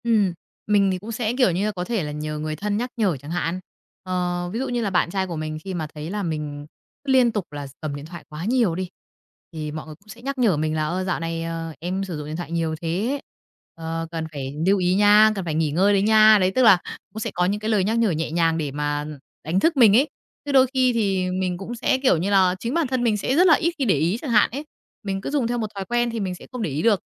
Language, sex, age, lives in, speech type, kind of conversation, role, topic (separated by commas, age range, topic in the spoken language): Vietnamese, female, 30-34, Vietnam, conversation, podcast, guest, Bạn làm thế nào để giữ cân bằng giữa công nghệ và cuộc sống thực?